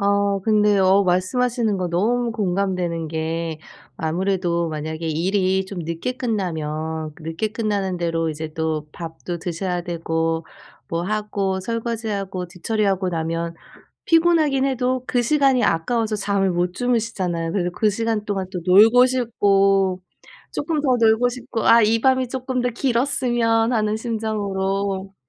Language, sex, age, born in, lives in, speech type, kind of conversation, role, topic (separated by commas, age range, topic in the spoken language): Korean, female, 40-44, South Korea, United States, conversation, advice, advisor, 짧은 낮잠이 집중력과 생산성을 높이는 데 도움이 될까요?